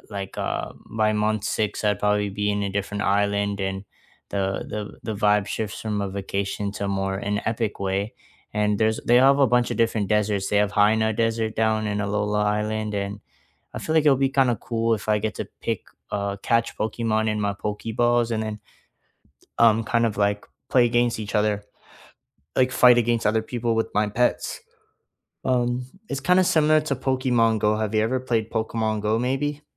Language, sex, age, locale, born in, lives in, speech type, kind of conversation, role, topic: English, male, 20-24, en-CA, Canada, Canada, conversation, unstructured, none, Which fictional world would you move to for a year?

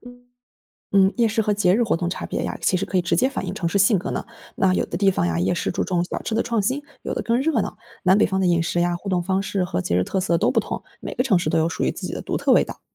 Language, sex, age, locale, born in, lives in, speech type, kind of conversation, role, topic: Chinese, female, 25-29, zh-CN, China, Germany, read, expert-assistant, expert, 了解一个城市的人口流动和生活变化，哪些信息渠道最靠谱？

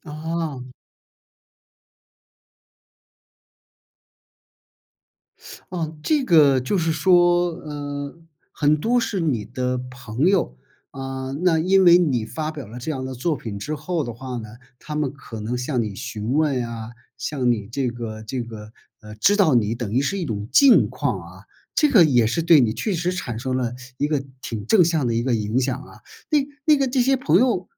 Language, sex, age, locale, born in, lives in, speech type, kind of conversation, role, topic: Chinese, male, 55-59, zh-CN, China, United States, conversation, podcast, host, 社交媒体对你的创作身份有什么影响？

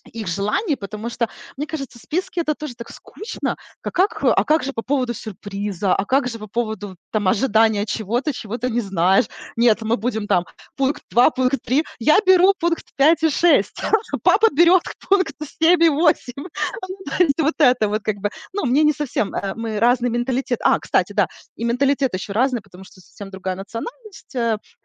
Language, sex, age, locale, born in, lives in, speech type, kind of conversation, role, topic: Russian, female, 40-44, ru-RU, Ukraine, United States, conversation, advice, user, Как выбрать подарок, который понравится разным людям и не ошибиться?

